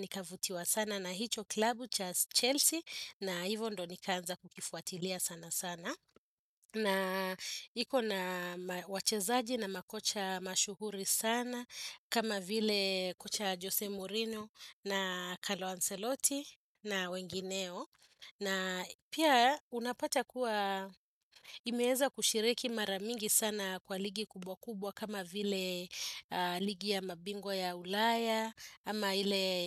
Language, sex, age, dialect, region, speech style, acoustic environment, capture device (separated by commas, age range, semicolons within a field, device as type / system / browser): Swahili, female, 35-44, Kenya - Nairobi Sheng-influenced, Kenya, happy; focused; relaxed, appliances, desktop / Windows / Chrome